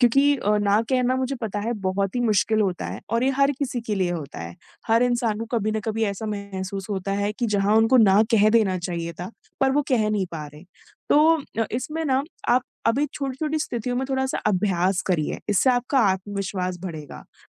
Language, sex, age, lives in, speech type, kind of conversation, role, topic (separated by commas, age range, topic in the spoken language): Hindi, female, 25-29, India, conversation, advice, advisor, मैं अपनी सीमाएँ स्पष्ट रूप से कैसे बता सकता/सकती हूँ?